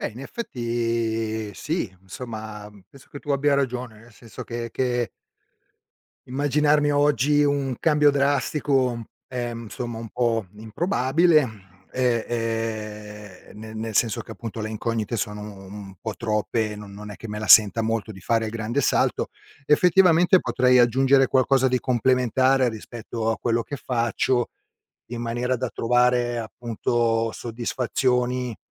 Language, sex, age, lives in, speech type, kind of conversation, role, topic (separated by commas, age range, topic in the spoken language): Italian, male, 50-54, Italy, conversation, advice, user, Come posso capire quale lavoro dia davvero significato alla mia vita?